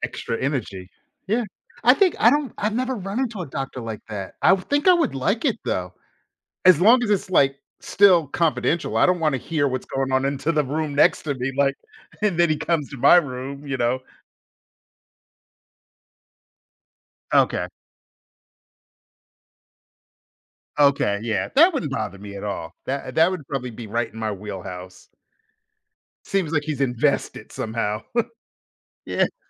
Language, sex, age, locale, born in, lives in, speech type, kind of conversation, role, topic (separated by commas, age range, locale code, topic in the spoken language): English, male, 50-54, en-US, United States, United States, conversation, unstructured, none, How do you choose a comfort food or comforting activity when you need a boost?